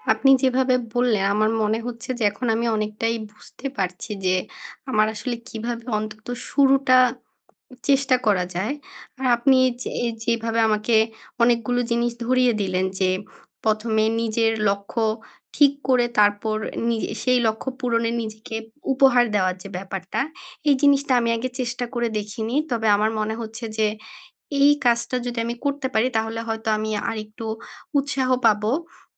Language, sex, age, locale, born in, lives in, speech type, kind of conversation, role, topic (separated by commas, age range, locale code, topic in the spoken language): Bengali, female, 25-29, bn-BD, Bangladesh, Bangladesh, conversation, advice, user, কীভাবে আমি উচ্চাকাঙ্ক্ষা ও ধৈর্যের মধ্যে ভারসাম্য বজায় রাখতে পারি?